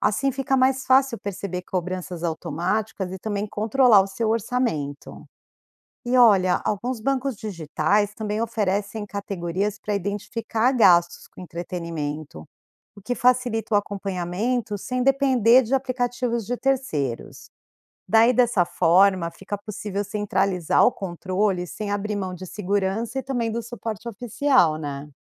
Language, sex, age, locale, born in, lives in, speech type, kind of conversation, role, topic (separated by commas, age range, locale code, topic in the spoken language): Portuguese, female, 45-49, pt-BR, Brazil, United States, read, expert-assistant, expert, Vale a pena manter várias assinaturas de streaming ou compensa explorar opções gratuitas?